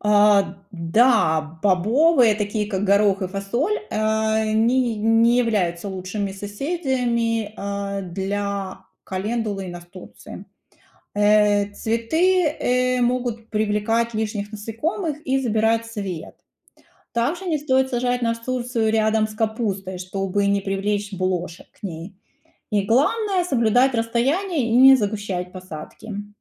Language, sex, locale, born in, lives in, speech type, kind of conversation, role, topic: Russian, female, ru-RU, Ukraine, United States, read, expert-assistant, expert, Если бы поле было как квартира, какие «правила соседства» действовали бы между разными растениями?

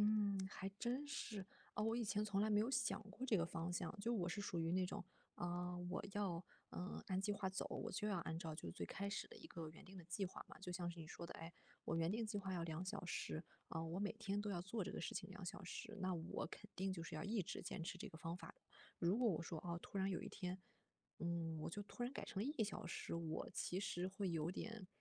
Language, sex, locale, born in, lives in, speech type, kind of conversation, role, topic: Chinese, female, zh-CN, China, Germany, conversation, advice, user, 在生活发生变化时，我该如何调整自己的目标？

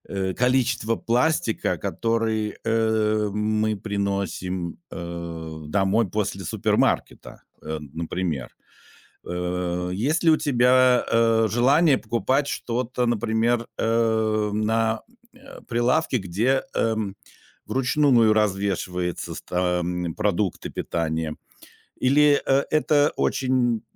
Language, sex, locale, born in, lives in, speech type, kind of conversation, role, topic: Russian, male, ru-RU, Russia, Germany, conversation, podcast, host, Как убрать пластик из дома?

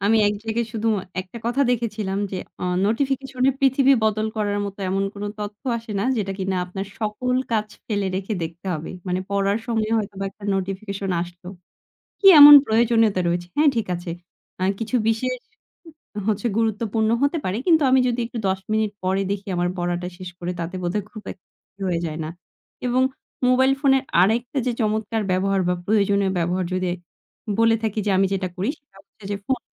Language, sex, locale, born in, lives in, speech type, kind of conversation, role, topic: Bengali, female, bn-BD, Bangladesh, Bangladesh, conversation, podcast, guest, তুমি ফোনে দিন শুরু করো কীভাবে, একটু বলো তো?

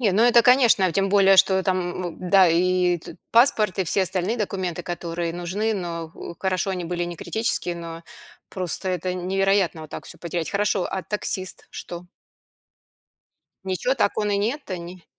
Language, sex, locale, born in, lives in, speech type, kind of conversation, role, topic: Russian, female, ru-RU, Russia, United States, conversation, podcast, host, Что вы делали, когда потеряли паспорт за границей?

